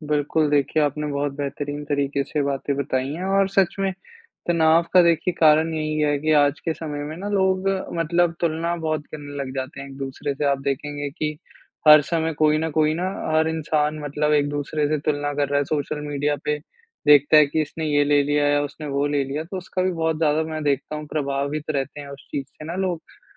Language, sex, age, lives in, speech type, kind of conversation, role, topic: Hindi, male, 20-24, India, conversation, unstructured, none, कौन सी छोटी-छोटी चीज़ें आपको खुशी देती हैं?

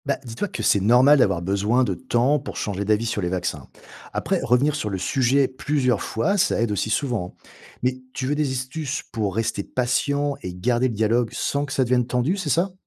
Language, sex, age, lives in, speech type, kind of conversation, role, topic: French, male, 45-49, France, read, expert-assistant, expert, Comment peut-on encourager plus de gens à se faire vacciner, surtout quand certains sont méfiants ?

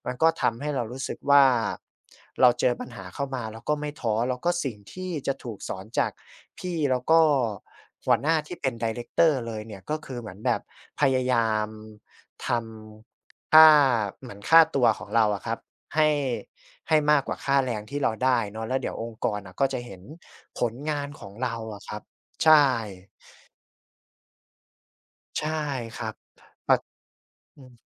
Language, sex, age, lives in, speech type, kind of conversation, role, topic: Thai, male, 25-29, Thailand, conversation, podcast, guest, งานแรกของคุณสอนอะไรที่คุณยังจำได้จนถึงวันนี้บ้าง?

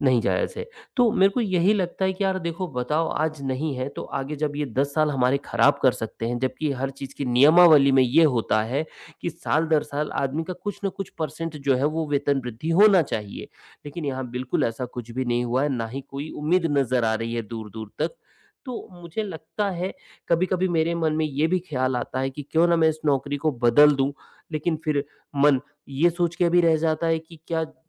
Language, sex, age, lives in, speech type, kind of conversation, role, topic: Hindi, male, 45-49, India, conversation, advice, user, अनिश्चितता के समय में मैं अधिक लचीला कैसे बन सकता/सकती हूँ?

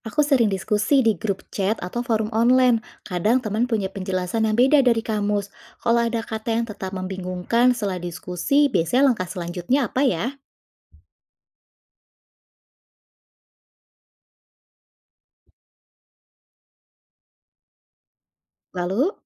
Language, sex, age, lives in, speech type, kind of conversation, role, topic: Indonesian, female, 35-39, Indonesia, read, expert-assistant, asker, Bagaimana cara mudah membandingkan kata-kata yang mirip di berbagai bahasa tanpa bingung?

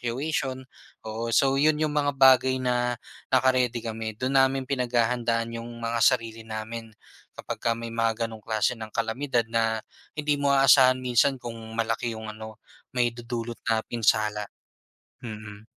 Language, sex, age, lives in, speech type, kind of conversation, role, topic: Filipino, male, 25-29, Philippines, conversation, podcast, guest, Ano ang paborito mong gawin tuwing umuulan?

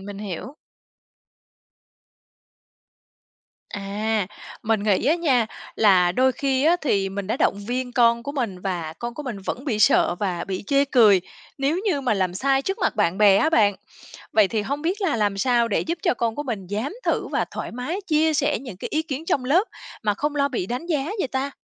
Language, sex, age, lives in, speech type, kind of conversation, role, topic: Vietnamese, female, 35-39, Vietnam, read, expert-assistant, asker, Làm thế nào để giúp trẻ vượt qua nỗi sợ thất bại và tự tin học tập?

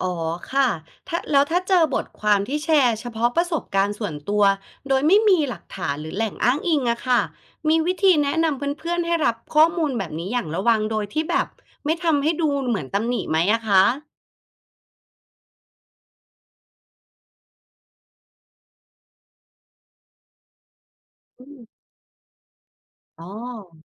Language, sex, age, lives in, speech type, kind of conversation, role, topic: Thai, female, 35-39, Thailand, read, expert-assistant, asker, เราจะตรวจสอบความน่าเชื่อถือของข้อมูลด้านสุขภาพจิตที่แชร์กันในโซเชียลมีเดียได้อย่างไร?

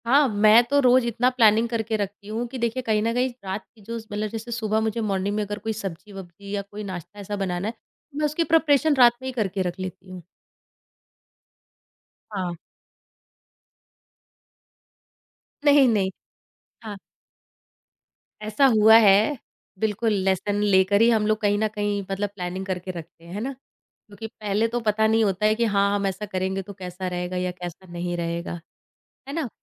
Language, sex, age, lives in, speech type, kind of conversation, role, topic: Hindi, female, 30-34, India, conversation, podcast, guest, Meal planning aur cooking routine aap log kaise handle karte ho?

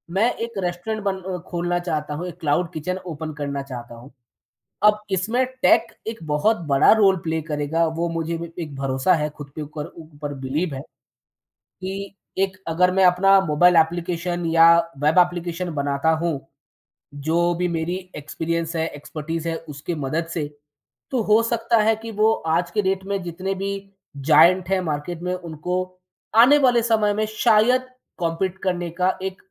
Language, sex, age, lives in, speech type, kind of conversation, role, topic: Hindi, male, 30-34, India, conversation, podcast, guest, Tumne khud se seekhna kab aur kaise shuru kiya, thoda bata sakte ho?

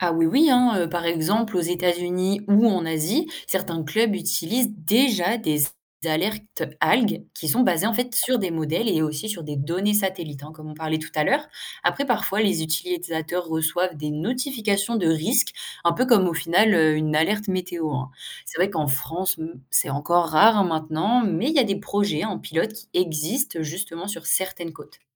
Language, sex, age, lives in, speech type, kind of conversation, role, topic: French, female, 18-19, France, read, expert-assistant, expert, Comment expliquer l’apparition soudaine d’algues dans une baie, et comment les chercheurs s’y prennent-ils pour trouver l’origine ?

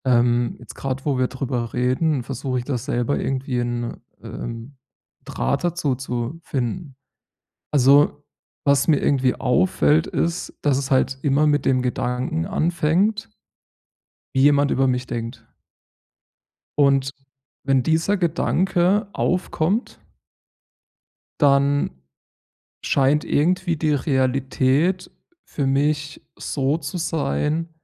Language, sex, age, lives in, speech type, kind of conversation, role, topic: German, male, 30-34, Germany, conversation, advice, user, Wie kann ich meine Angst vor Bewertung in Gruppen verringern?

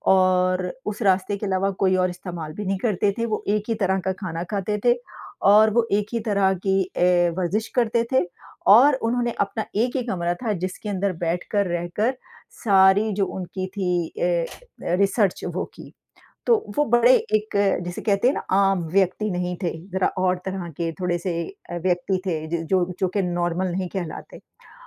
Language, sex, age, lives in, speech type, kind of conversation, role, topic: Hindi, female, 50-54, United States, conversation, unstructured, none, इतिहास की कौन-सी कहानी तुम्हें सबसे ज़्यादा दिलचस्प लगती है?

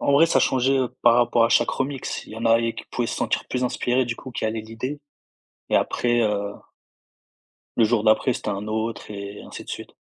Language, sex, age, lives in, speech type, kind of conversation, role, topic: French, male, 20-24, France, conversation, podcast, guest, Préférez-vous créer seul ou en groupe, et pourquoi ?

